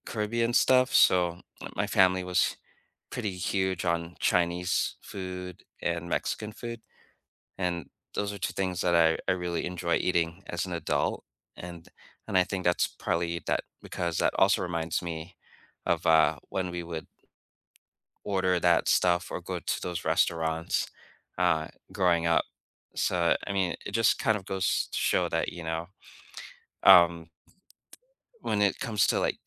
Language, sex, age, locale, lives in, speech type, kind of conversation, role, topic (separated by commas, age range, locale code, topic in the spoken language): English, male, 45-49, en-US, United States, conversation, unstructured, none, What everyday rituals, choices, or moments help you keep your cultural or spiritual traditions alive, and what do they mean to you?